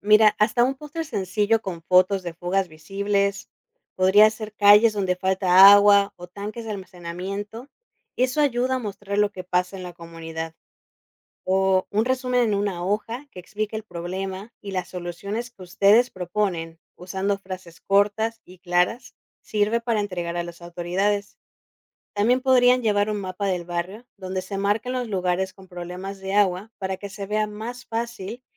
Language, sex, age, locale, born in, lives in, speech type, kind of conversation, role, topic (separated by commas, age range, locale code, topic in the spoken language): Spanish, female, 30-34, es-MX, Mexico, Mexico, read, expert-assistant, expert, ¿Por qué es fundamental reducir el consumo de agua?